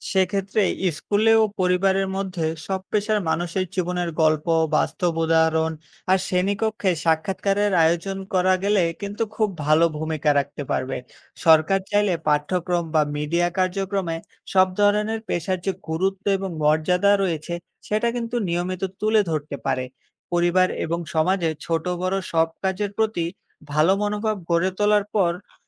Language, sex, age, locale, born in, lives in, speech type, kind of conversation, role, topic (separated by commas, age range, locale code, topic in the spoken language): Bengali, male, 20-24, bn-BD, Bangladesh, Bangladesh, read, expert-assistant, expert, বিভিন্ন পেশার গুরুত্ব